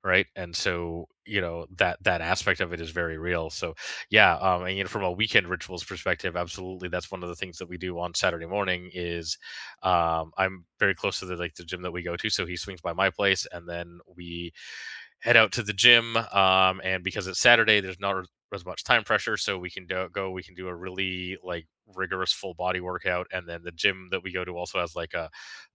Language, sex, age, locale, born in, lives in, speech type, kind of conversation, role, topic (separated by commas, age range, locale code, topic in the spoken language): English, male, 40-44, en-CA, Canada, Canada, conversation, unstructured, none, What little weekend rituals help you feel grounded?